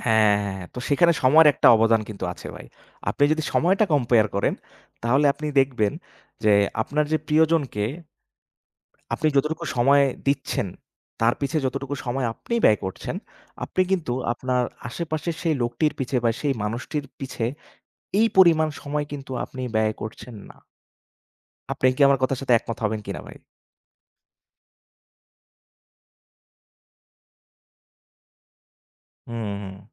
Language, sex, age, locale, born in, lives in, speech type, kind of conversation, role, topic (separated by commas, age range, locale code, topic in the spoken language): Bengali, male, 25-29, bn-BD, Bangladesh, Bangladesh, conversation, unstructured, none, ভালোবাসার মানুষের সঙ্গে একসঙ্গে সময় কাটানো কীভাবে সম্পর্ক গড়ে তোলে?